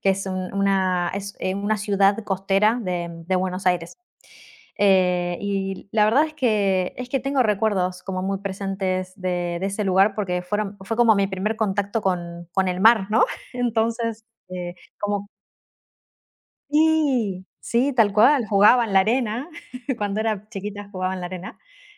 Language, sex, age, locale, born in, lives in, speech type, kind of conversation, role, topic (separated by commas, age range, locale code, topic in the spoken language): Spanish, female, 35-39, es-AR, Argentina, Netherlands, conversation, unstructured, none, ¿Cuál es tu lugar favorito para estar en la naturaleza?